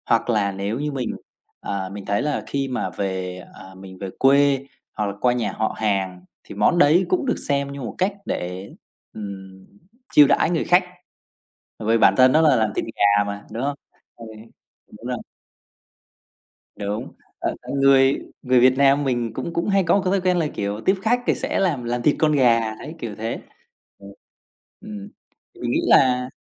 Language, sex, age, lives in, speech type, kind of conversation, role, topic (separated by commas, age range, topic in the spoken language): Vietnamese, male, 30-34, Vietnam, conversation, podcast, guest, Món ăn gia truyền đã ảnh hưởng đến bạn như thế nào?